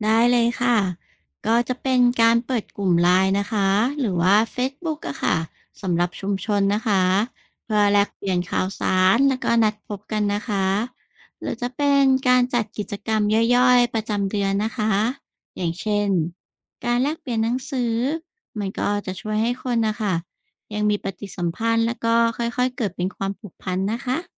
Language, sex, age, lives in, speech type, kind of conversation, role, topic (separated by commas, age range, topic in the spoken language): Thai, female, 35-39, Thailand, read, expert-assistant, expert, ถ้าอยากให้คนในชุมชนช่วยเหลือกันมากขึ้น มีวิธีไหนที่ทำได้จริงบ้าง?